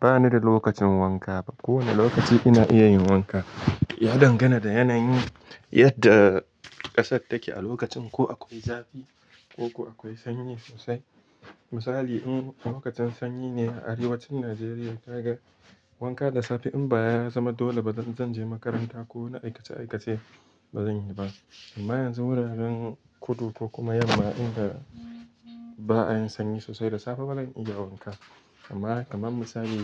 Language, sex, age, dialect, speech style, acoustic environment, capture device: Hausa, male, 18-24, Nigeria - Kano, happy; anxious; excited, silence, mobile / Linux / Chrome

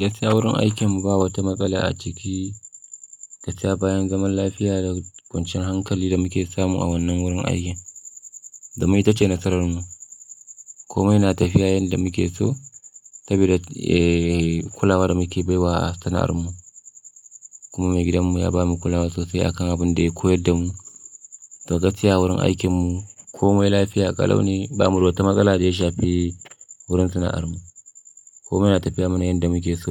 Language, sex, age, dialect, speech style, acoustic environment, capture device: Hausa, male, 25-34, Nigeria - Kano, happy, silence, mobile / Linux / Chrome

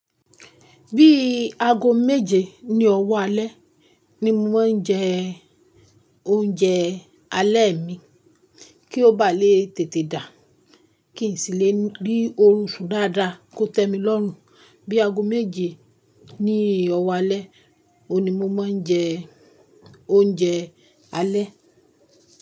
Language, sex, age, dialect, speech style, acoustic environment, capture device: Yoruba, female, 25-34, Nigeria - Ibadan, focused; relaxed, silence, mobile / Linux / Chrome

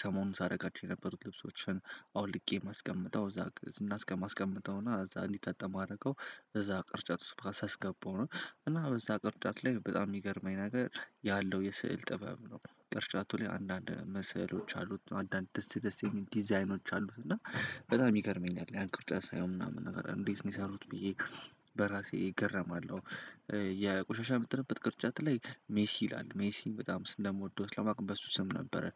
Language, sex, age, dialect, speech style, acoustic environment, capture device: Amharic, male, 18-24, Ethiopia - Addis Ababa, happy; excited, silence; nature, desktop / Windows / Chrome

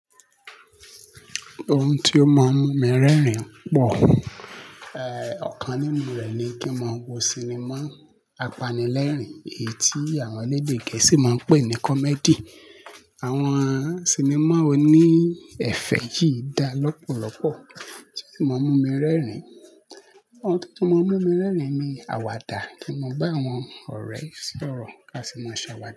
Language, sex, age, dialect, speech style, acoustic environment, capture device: Yoruba, male, 25-34, Nigeria - Lagos Yoruba, happy, people talking; appliances, mobile / Linux / Chrome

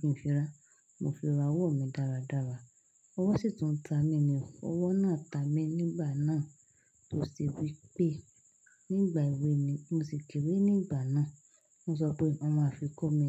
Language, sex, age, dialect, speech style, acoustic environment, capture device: Yoruba, female, 25-34, Nigeria - Lagos Yoruba, happy, silence, desktop / Linux / Chrome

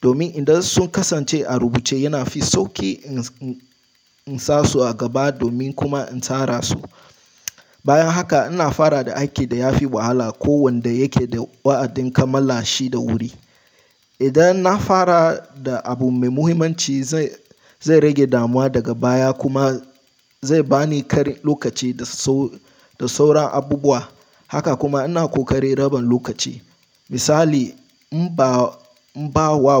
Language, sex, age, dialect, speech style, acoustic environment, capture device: Hausa, male, 18-24, Nigeria - Nigerian Standard, relaxed, silence, mobile / Linux / Chrome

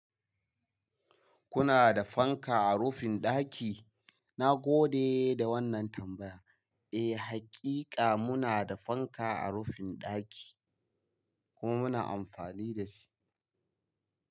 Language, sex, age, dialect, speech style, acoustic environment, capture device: Hausa, male, 25-34, Nigeria - Kano, happy; relaxed; excited; focused; anxious, people talking; nature; silence, mobile / Linux / Chrome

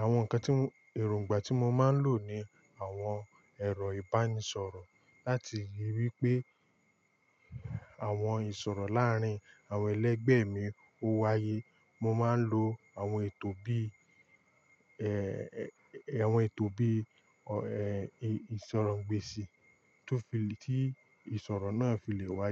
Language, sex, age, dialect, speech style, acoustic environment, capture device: Yoruba, male, 18-24, Nigeria - Lagos Yoruba, excited, silence, mobile / Linux / Chrome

